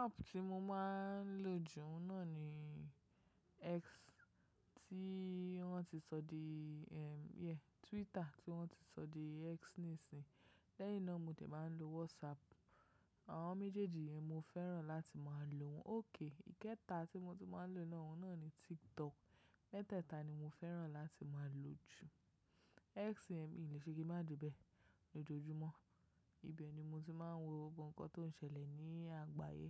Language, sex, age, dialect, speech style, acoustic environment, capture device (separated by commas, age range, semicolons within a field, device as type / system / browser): Yoruba, female, 25-34, Nigeria - Lagos Yoruba, focused, nature, mobile / macOS / Safari